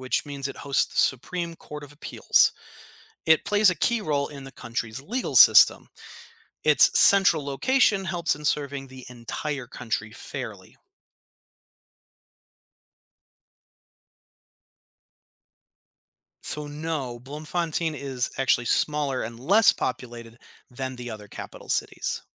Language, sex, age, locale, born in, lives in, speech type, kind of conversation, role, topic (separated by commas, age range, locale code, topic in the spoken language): English, male, 35-39, en-US, United States, United States, read, expert-assistant, expert, What is the capital of South Africa?